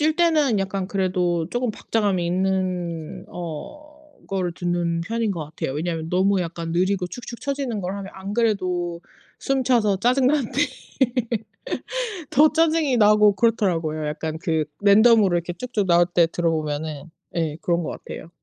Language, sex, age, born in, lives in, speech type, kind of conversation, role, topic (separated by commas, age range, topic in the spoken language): Korean, female, 35-39, South Korea, United States, conversation, unstructured, none, 운동은 정신 건강에 어떤 영향을 미치나요?